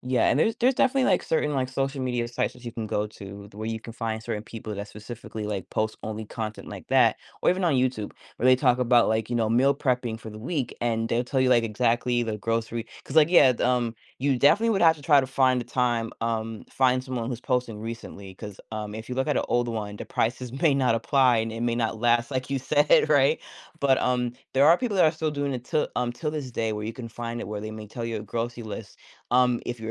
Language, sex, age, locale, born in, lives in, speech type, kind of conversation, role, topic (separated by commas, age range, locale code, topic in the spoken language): English, female, 30-34, en-US, United States, United States, conversation, advice, advisor, How can I manage overwhelming daily responsibilities without burning out?